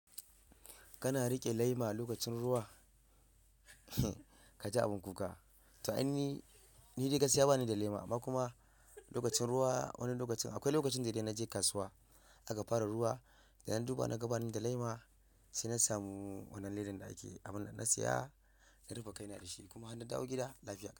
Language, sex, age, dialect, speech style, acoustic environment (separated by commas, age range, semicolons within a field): Hausa, male, 25-34, Nigeria - Ibadan, relaxed, traffic; silence